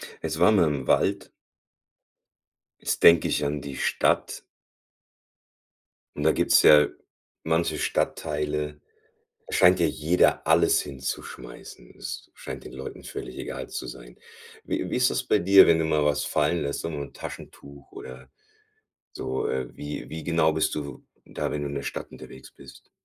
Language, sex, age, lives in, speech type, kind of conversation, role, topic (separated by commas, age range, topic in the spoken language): German, male, 40-44, Germany, conversation, podcast, host, Wie gehst du draußen mit Müll um, damit du nichts zurücklässt?